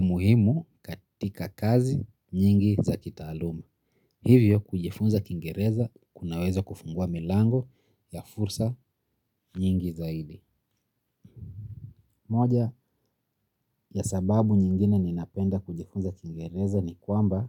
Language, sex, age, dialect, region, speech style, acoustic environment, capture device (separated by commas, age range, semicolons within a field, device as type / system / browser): Swahili, male, 25-34, Kenya - Nairobi Sheng-influenced, Kenya, happy; relaxed; focused, nature; silence, mobile / Linux / Chrome